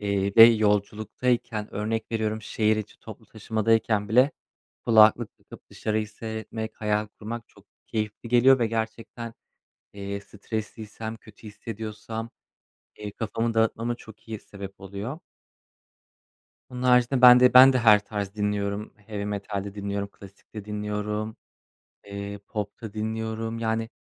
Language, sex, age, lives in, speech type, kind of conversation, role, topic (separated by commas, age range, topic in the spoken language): Turkish, male, 25-29, Poland, conversation, unstructured, none, Sanat sana ne hissettiriyor?